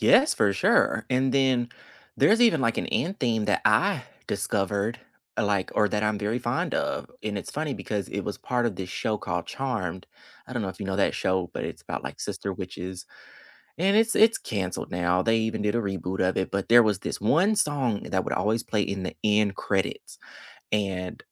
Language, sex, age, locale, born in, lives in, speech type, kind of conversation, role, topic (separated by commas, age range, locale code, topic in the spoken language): English, male, 25-29, en-US, United States, United States, conversation, unstructured, none, Which hidden-gem podcasts never leave your queue, and what keeps you coming back to them?